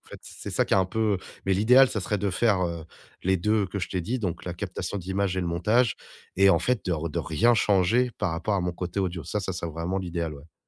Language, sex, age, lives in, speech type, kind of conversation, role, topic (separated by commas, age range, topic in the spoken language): French, male, 35-39, France, conversation, advice, user, Quelle grande décision devez-vous prendre face à des options incertaines ?